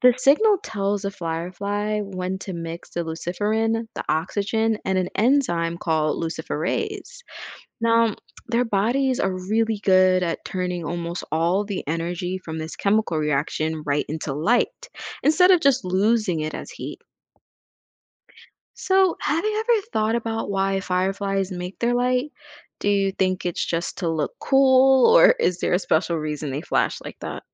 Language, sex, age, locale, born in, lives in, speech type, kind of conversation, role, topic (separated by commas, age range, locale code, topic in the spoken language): English, female, 25-29, en-US, United States, United States, read, expert-assistant, expert, How do fireflies produce light in their bodies?